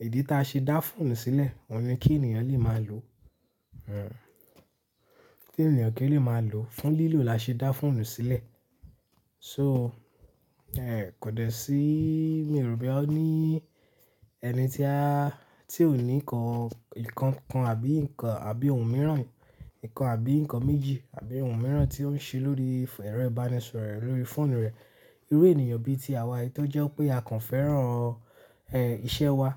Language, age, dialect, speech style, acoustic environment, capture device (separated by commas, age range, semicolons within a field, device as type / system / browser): Yoruba, 18-24, Nigeria - Lagos Yoruba, relaxed, silence; nature, mobile / Linux / Chrome